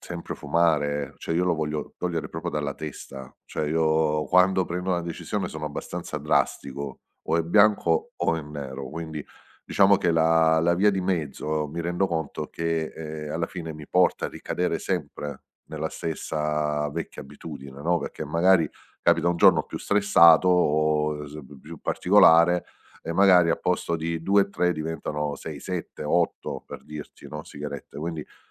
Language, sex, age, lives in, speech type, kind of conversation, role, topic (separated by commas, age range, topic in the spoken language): Italian, male, 50-54, Italy, conversation, advice, user, Perché faccio fatica a ricominciare una buona abitudine dopo una ricaduta?